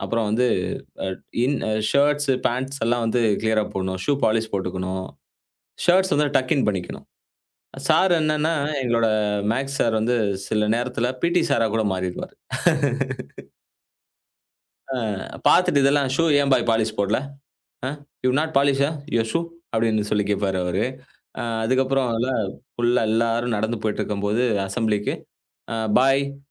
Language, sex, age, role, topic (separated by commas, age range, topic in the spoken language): Tamil, male, 35-39, guest, உங்கள் தனிப்பட்ட ஸ்டைல் எப்படி உருவானது என்று சொல்ல முடியுமா?